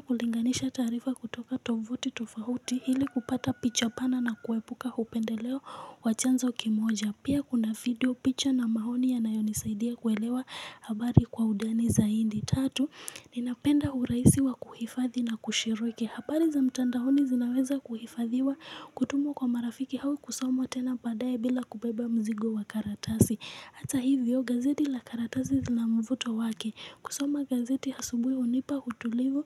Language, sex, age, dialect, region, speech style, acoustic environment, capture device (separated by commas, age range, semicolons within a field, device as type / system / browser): Swahili, female, 18-24, Kenya - Nairobi Sheng-influenced, Kenya, excited; focused, people talking; music; nature, mobile / Linux / Chrome